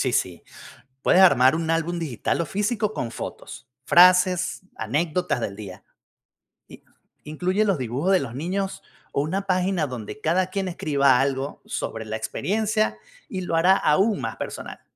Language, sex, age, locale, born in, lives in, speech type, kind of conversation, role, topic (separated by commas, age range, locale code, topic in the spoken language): Spanish, male, 50-54, es-VE, Venezuela, United States, read, expert-assistant, expert, ¿Cuál es una buena manera de reunir a la familia o amigos para crear arte popular juntos?